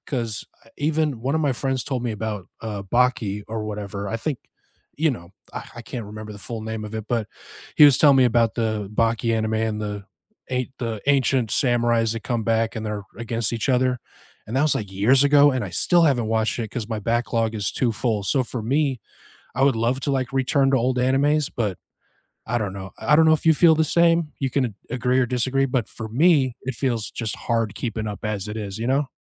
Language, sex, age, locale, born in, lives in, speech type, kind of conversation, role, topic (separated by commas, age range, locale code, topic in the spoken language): English, male, 35-39, en-US, United States, United States, conversation, unstructured, none, What comfort shows do you return to, and which favorite moments always lift your spirits?